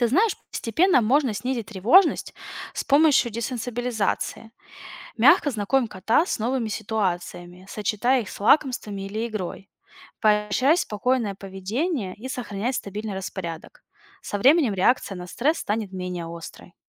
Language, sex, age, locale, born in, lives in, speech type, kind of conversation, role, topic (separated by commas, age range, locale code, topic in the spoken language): Russian, female, 30-34, ru-RU, Russia, United States, read, expert-assistant, expert, Что происходит с организмом животного, когда оно испытывает стресс?